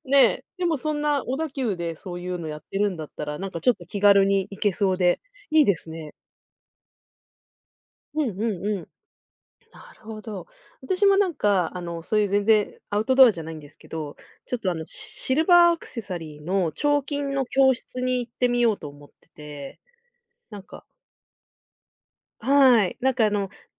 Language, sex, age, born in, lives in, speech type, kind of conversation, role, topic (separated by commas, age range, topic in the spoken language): Japanese, female, 40-44, Japan, Japan, conversation, unstructured, none, やってみたら意外と楽しかった趣味はありますか？